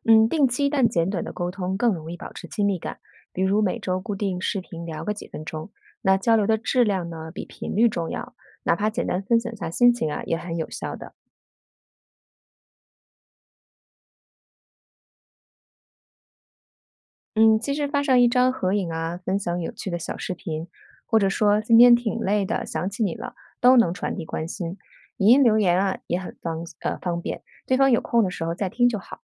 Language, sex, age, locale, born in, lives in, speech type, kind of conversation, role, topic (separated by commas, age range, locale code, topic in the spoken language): Chinese, female, 40-44, zh-CN, China, United States, read, expert-assistant, expert, 在忙碌的时候，你会用什么方式安排和亲友的沟通时间？